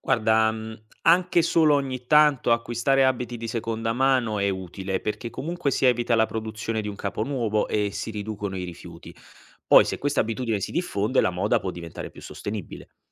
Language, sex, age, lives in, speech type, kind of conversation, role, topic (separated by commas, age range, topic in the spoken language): Italian, male, 25-29, Italy, read, expert-assistant, expert, Quali decisioni quotidiane influenzano di più la salute del pianeta?